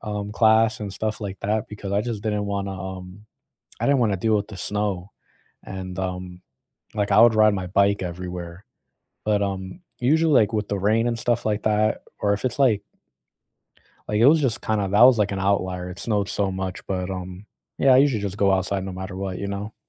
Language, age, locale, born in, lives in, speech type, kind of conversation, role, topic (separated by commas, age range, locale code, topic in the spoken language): English, 25-29, en-US, United States, United States, conversation, unstructured, none, Where do you go nearby to clear your head when you need to get outside?